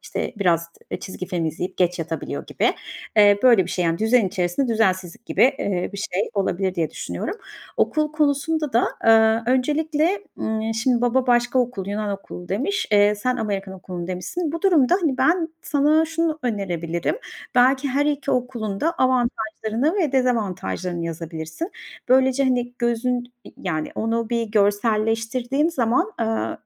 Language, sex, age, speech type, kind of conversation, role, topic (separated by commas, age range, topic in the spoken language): Turkish, female, 40-44, conversation, advice, advisor, Çocuk yetiştirme konusunda ebeveynler arasındaki fikir ayrılığını nasıl yönetebilirsiniz?